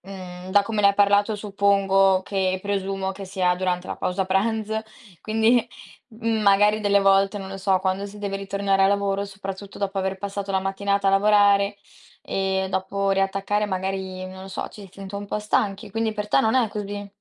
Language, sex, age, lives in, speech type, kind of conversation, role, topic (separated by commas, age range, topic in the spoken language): Italian, female, 18-19, Italy, conversation, podcast, host, Come trovi la motivazione per muoverti ogni giorno?